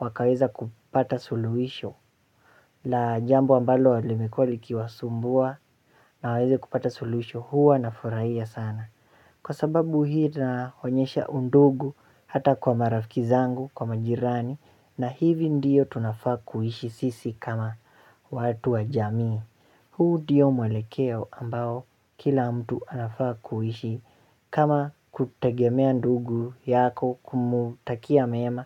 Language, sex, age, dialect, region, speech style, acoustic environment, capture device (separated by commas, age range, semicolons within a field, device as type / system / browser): Swahili, male, 18-24, Kenya - Nairobi Sheng-influenced, Kenya, relaxed, silence; nature, mobile / Linux / Chrome